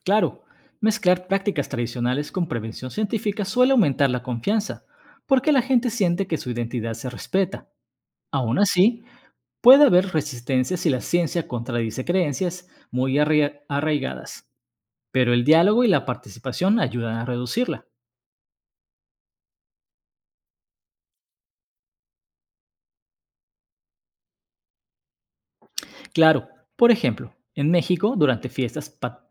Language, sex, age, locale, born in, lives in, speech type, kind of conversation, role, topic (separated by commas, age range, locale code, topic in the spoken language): Spanish, male, 35-39, es-MX, Mexico, Mexico, read, expert-assistant, expert, ¿Cómo han influido los desastres geológicos como terremotos o volcanes en las costumbres y creencias de diferentes culturas?